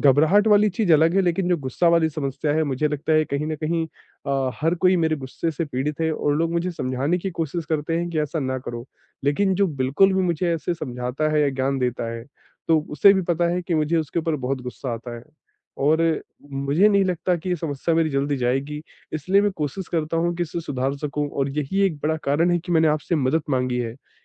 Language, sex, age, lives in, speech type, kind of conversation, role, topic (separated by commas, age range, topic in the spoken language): Hindi, male, 25-29, India, conversation, advice, user, अचानक गुस्सा या घबराहट को तुरंत कैसे शांत करूं?